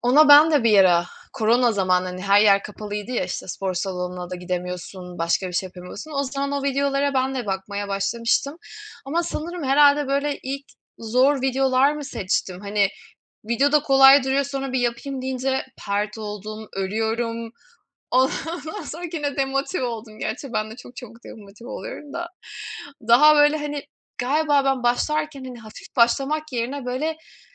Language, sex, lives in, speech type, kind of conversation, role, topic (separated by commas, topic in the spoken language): Turkish, female, Germany, conversation, unstructured, none, Sizce spor yapmanın en keyifli yanları nelerdir?